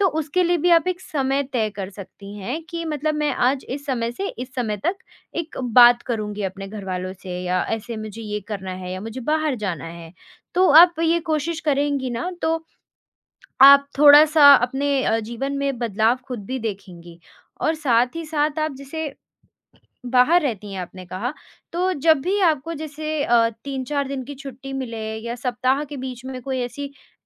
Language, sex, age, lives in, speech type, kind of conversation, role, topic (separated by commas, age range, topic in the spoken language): Hindi, female, 20-24, India, conversation, advice, advisor, मेरा काम और निजी जीवन का संतुलन बिगड़ गया है और परिवार के लिए समय नहीं मिल रहा है, मैं इसे कैसे सुधारूँ?